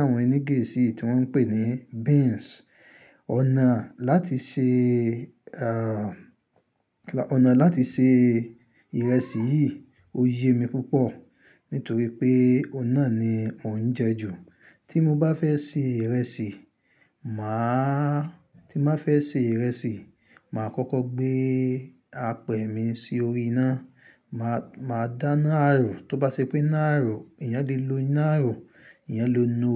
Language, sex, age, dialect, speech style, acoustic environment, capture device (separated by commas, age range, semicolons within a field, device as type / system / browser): Yoruba, male, 25-34, Nigeria - Ibadan, happy, silence, mobile / Linux / Chrome